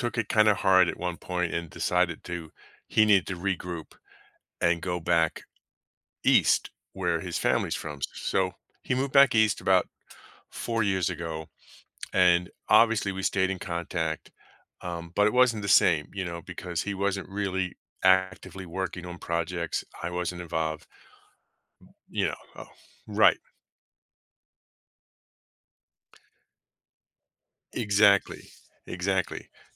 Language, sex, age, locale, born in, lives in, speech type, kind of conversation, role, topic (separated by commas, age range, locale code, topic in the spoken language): English, male, 50-54, en-US, United States, United States, conversation, advice, user, How can I fit in with new coworkers?